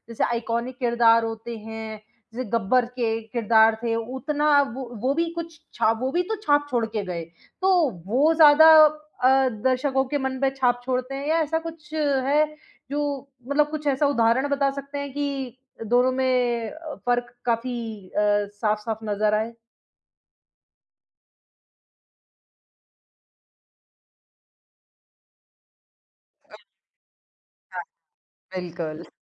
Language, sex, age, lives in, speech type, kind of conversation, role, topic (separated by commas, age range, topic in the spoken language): Hindi, female, 25-29, India, conversation, podcast, host, किस तरह का किरदार दर्शकों को सबसे ज़्यादा बाँधकर रखता है?